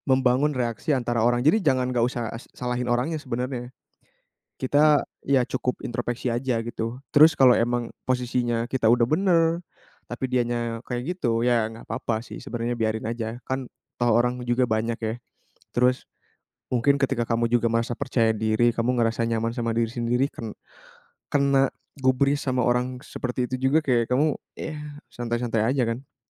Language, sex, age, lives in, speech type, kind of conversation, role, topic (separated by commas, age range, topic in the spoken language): Indonesian, male, 20-24, Indonesia, conversation, podcast, guest, Pernahkah kamu merasa kesepian, dan bagaimana caramu bangkit dari perasaan itu?